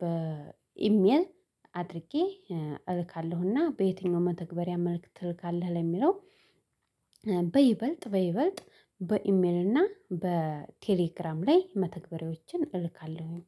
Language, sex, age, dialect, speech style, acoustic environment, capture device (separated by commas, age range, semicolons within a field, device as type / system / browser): Amharic, female, 25-34, Ethiopia - Addis Ababa, happy; excited, people talking, mobile / Linux / Chrome